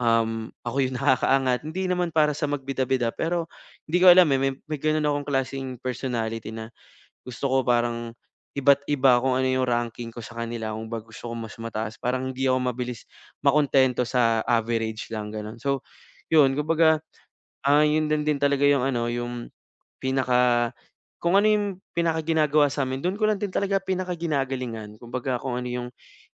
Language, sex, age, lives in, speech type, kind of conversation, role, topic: Filipino, male, 25-29, Philippines, conversation, advice, user, Paano ako mapapansin at makikilala sa loob ng kumpanya?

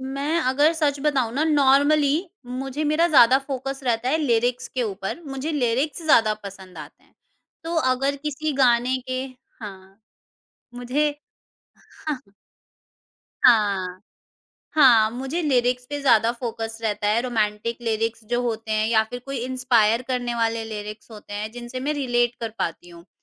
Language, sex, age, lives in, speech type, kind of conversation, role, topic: Hindi, female, 50-54, India, conversation, podcast, guest, Abhi haal hi mein tumne kaunsa gaana naya discover kiya?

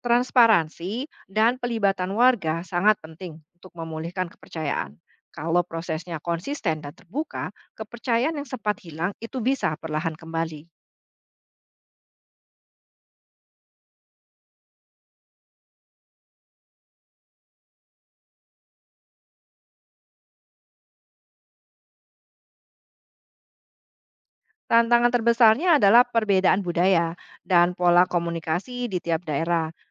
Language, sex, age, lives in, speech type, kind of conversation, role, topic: Indonesian, female, 45-49, Indonesia, read, expert-assistant, expert, Apa yang menyebabkan sebagian orang punya akses lebih mudah ke pendidikan atau pekerjaan, sementara yang lain kesulitan?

